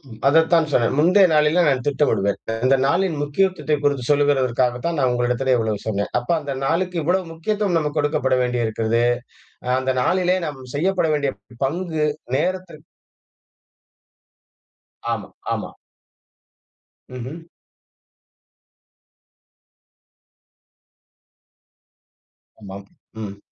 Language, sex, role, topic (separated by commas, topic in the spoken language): Tamil, male, guest, ஒரு நாளை பயனுள்ளதாக ஆரம்பிக்க நீங்கள் பின்பற்றும் காலை நடைமுறை என்ன?